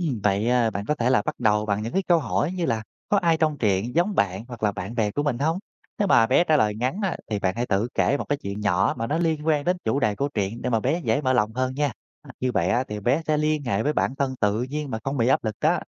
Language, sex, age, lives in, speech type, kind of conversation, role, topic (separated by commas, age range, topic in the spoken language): Vietnamese, male, 30-34, Vietnam, read, expert-assistant, expert, Làm sao để không bị rối khi muốn so sánh nội dung giữa các truyện Việt và truyện Tây?